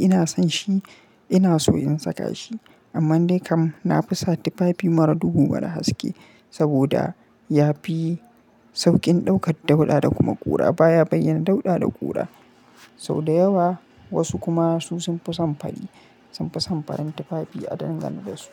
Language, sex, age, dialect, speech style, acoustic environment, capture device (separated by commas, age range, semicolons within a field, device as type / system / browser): Hausa, male, 25-34, Nigeria - Kano, happy, silence, mobile / Linux / Chrome